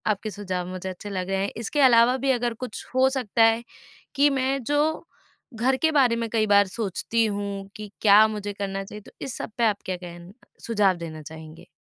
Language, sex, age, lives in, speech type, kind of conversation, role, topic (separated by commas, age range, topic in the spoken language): Hindi, female, 25-29, India, conversation, advice, user, यात्रा के दौरान अनिश्चितता और चिंता को कैसे कम किया जा सकता है?